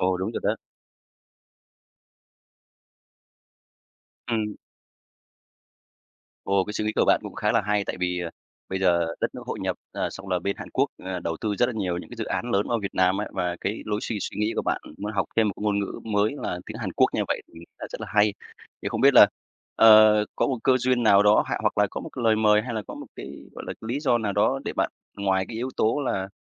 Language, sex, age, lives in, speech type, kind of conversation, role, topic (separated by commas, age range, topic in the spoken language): Vietnamese, male, 35-39, Vietnam, conversation, podcast, host, Bạn có thể kể về lần bạn phải từ bỏ lối suy nghĩ cũ để học lại không?